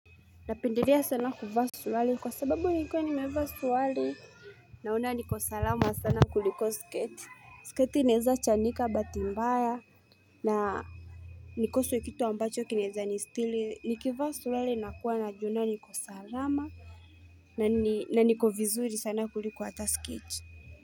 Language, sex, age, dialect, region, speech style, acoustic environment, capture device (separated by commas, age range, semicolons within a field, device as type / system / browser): Swahili, female, 18-24, Kenya - Mombasa, Kenya, relaxed, nature; silence; music; people talking, mobile / Linux / Chrome